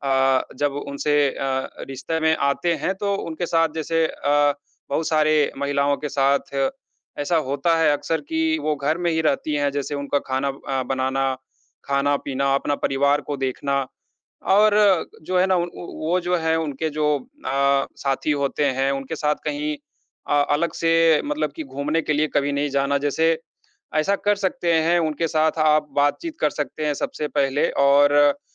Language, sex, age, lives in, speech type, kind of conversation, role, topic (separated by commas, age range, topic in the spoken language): Hindi, male, 30-34, India, conversation, advice, advisor, किसी से रिश्ता खत्म करने की कठिन बातचीत कैसे करें?